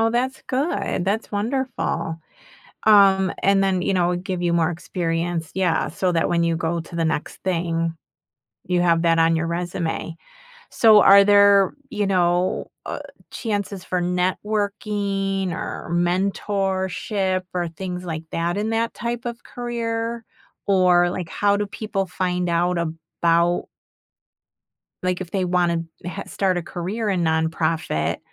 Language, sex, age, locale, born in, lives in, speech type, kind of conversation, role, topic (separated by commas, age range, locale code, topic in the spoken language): English, female, 50-54, en-US, United States, United States, conversation, podcast, host, What do you wish you had known when you first started your career?